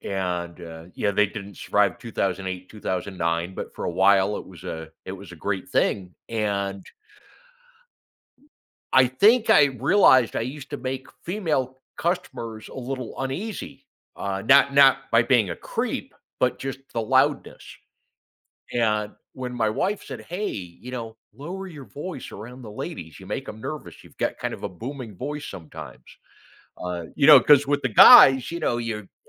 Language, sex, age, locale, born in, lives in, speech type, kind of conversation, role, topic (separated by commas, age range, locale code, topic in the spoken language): English, male, 55-59, en-US, United States, United States, conversation, podcast, host, How does nonverbal communication shape our interactions and understanding of others?